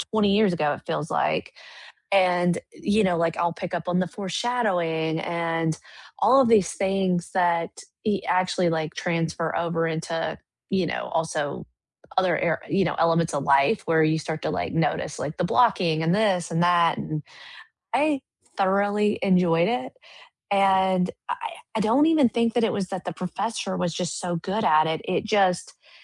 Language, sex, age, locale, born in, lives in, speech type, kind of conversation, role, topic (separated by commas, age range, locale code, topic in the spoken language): English, female, 35-39, en-US, United States, United States, conversation, unstructured, none, What online courses would you recommend, and what sets them apart?